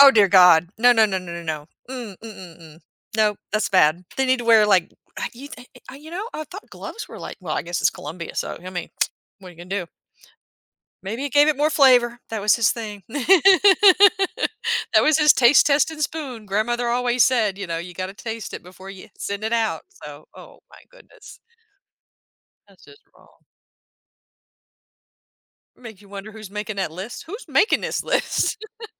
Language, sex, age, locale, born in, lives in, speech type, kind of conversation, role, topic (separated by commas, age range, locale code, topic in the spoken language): English, female, 50-54, en-US, United States, United States, conversation, podcast, host, How do shared experiences and personal touches make a meal memorable?